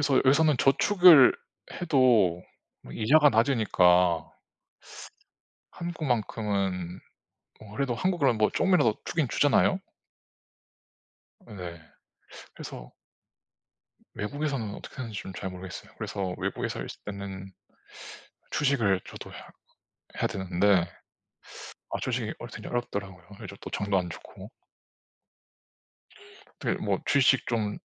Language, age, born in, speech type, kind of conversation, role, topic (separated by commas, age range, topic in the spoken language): Korean, 30-34, South Korea, conversation, unstructured, none, 저축을 시작하기 가장 좋은 시기는 언제인가요?